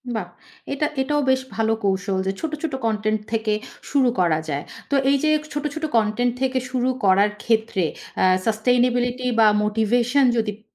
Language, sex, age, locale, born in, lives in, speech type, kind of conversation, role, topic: Bengali, female, 35-39, bn-BD, Bangladesh, Finland, conversation, podcast, host, ছোট ছোট করে শেখার অভ্যাস কীভাবে গড়ে তুলবেন?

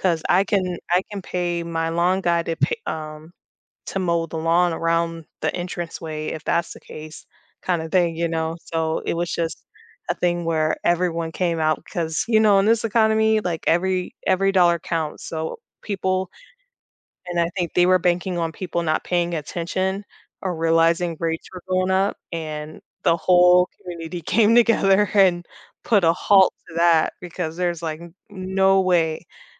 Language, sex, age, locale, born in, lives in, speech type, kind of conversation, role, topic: English, female, 30-34, en-US, United States, United States, conversation, podcast, guest, What helps communities successfully come together to address local challenges?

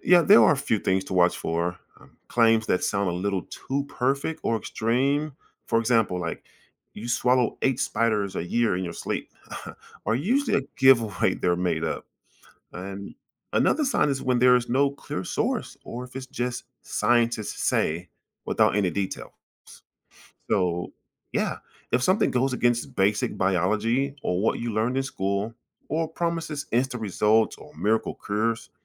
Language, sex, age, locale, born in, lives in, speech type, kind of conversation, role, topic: English, male, 40-44, en-US, United States, United States, read, expert-assistant, expert, When someone shares surprising facts about the human body, how do I know if they're true or just myths?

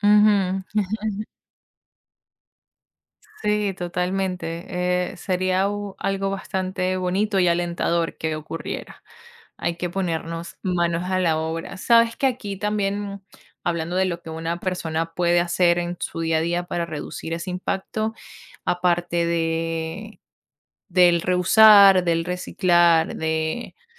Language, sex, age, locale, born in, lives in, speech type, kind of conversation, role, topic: Spanish, female, 25-29, es-VE, Venezuela, United States, conversation, unstructured, none, ¿Qué es lo que más te sorprende del impacto humano en el planeta?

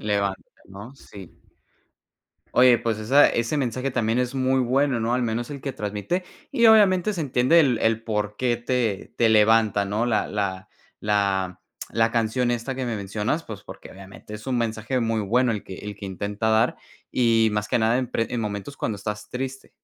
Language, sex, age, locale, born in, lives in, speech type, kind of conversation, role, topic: Spanish, male, 20-24, es-MX, Mexico, United States, conversation, podcast, host, ¿Qué canción te levanta el ánimo cuando estás triste?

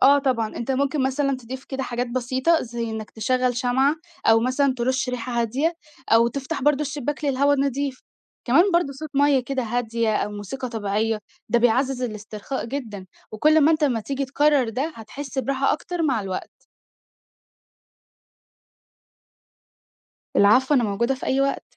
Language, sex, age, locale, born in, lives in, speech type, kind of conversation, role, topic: Arabic, female, 18-19, ar-EG, Egypt, Egypt, read, expert-assistant, expert, هل في طرق أو عادات من تاريخ الديانات المختلفة بتساعد على التركيز أو تقوية العزيمة، وإزاي ممكن أدمجها في روتيني اليومي؟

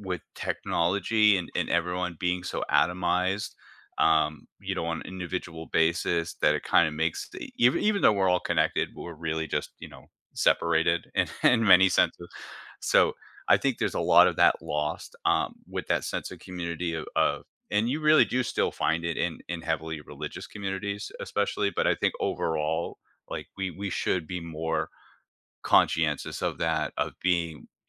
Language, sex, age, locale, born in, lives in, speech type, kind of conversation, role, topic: English, male, 40-44, en-US, United States, United States, conversation, podcast, host, What everyday actions help neighbors build stronger connections and a sense of belonging?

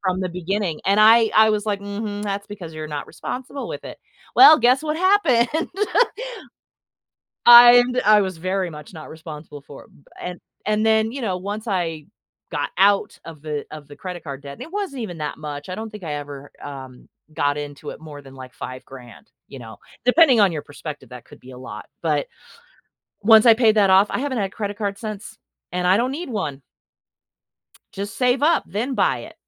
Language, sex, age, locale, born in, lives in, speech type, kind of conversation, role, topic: English, female, 45-49, en-US, United States, United States, conversation, podcast, guest, What helps you stay calm and make good decisions when you’re under pressure?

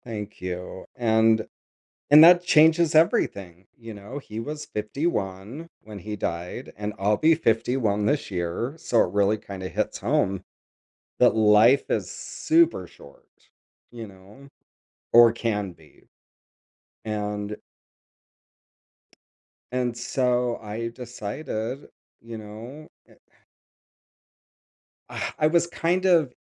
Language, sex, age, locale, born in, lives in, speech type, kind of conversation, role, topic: English, male, 50-54, en-US, United States, United States, conversation, podcast, guest, How can facing challenges shape who we become and what we learn in life?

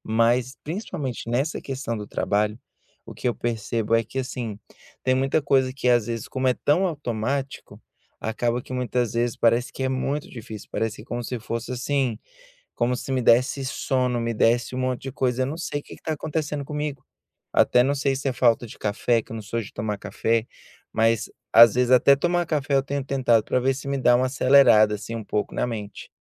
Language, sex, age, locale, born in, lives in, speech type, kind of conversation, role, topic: Portuguese, male, 30-34, pt-BR, Brazil, United States, conversation, advice, user, Como a procrastinação constante tem atrasado o meu progresso?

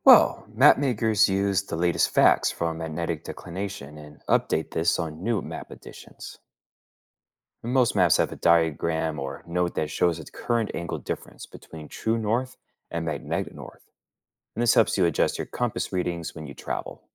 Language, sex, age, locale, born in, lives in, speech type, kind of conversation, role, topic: English, male, 35-39, en-US, United States, United States, read, expert-assistant, expert, How does a compass indicate magnetic north?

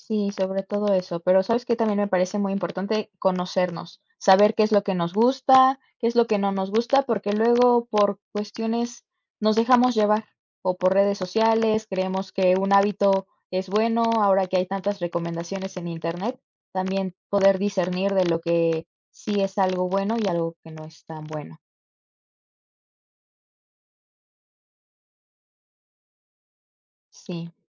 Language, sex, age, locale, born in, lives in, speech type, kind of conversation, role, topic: Spanish, female, 20-24, es-MX, Mexico, Mexico, conversation, unstructured, none, ¿Qué hábito diario te hace sentir más feliz?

